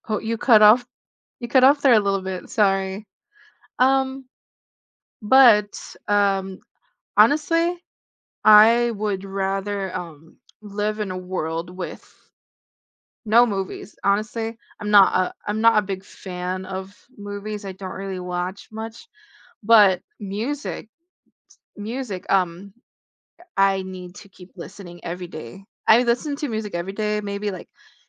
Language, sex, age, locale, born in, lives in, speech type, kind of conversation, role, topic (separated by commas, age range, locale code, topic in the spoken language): English, female, 20-24, en-US, Philippines, United States, conversation, unstructured, none, Would you rather live in a world without music or without movies?